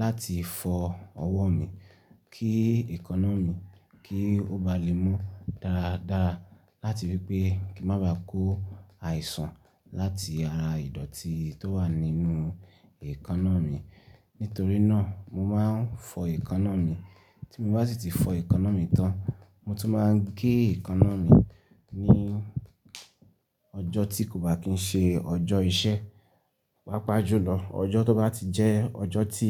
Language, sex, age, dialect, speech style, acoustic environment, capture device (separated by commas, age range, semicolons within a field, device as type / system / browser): Yoruba, male, 25-34, Nigeria - Ibadan, happy; relaxed; excited; focused, silence; nature, desktop / Linux / Chrome